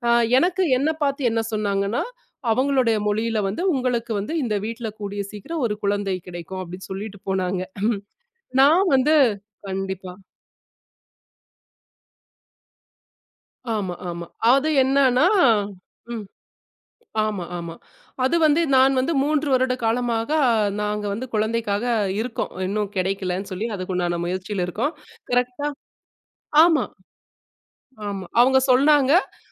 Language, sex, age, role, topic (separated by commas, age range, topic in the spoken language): Tamil, female, 35-39, guest, கதை சொல்லும் விதம் கேட்பவரின் உணர்ச்சிகளை எவ்வாறு தூண்டுகிறது?